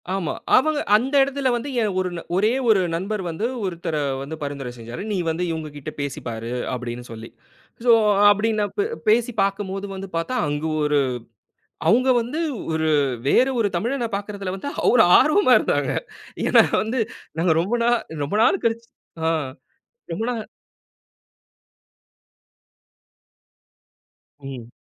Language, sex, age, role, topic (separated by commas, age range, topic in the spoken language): Tamil, male, 30-34, guest, உங்கள் வாழ்க்கையில் மாற்றத்தை ஏற்படுத்திய பயணத்தில் நீங்கள் சென்ற இடம் எது?